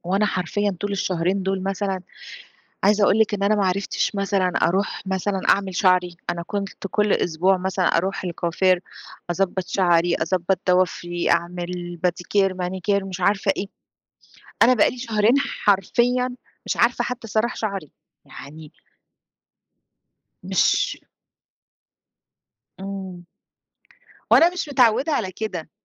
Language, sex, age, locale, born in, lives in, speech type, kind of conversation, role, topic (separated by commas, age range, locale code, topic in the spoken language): Arabic, female, 40-44, ar-EG, Egypt, Greece, conversation, advice, user, إزاي قدوم أول طفل غيّر روتينك اليومي وإحساسك بهويتك الشخصية؟